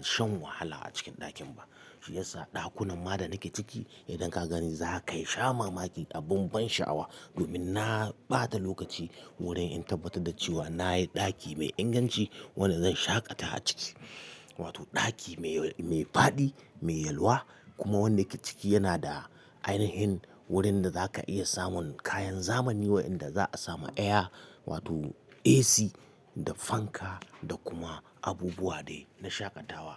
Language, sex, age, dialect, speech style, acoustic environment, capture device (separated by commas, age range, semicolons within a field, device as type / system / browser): Hausa, male, 35-44, Nigeria - Katsina, happy; relaxed; excited; focused, silence, mobile / Linux / Chrome